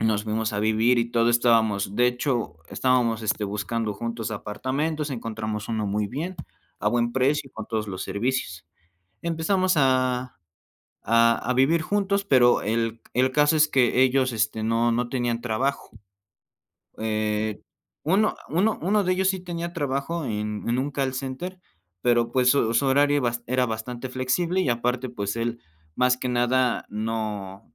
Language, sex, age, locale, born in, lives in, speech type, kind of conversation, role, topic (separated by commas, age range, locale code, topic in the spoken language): Spanish, male, 20-24, es-MX, Mexico, Mexico, conversation, podcast, guest, ¿Cómo se reparten las responsabilidades con la persona con la que vives?